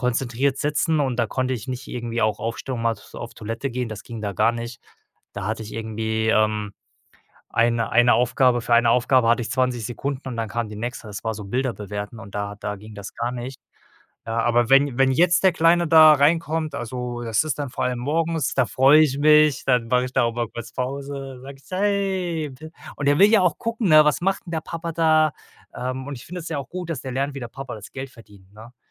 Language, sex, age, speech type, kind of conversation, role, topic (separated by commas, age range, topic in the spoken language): German, male, 35-39, conversation, podcast, guest, Wie ziehst du klare Grenzen zwischen Homeoffice und Familienleben?